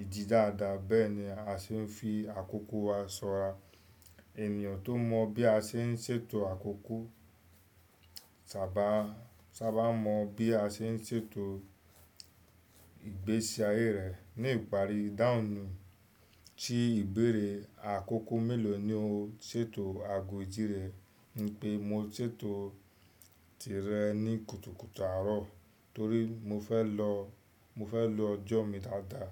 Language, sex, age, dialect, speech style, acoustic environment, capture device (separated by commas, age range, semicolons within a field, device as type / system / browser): Yoruba, male, 25-34, Nigeria - Lagos Yoruba, excited, nature, desktop / Windows / Chrome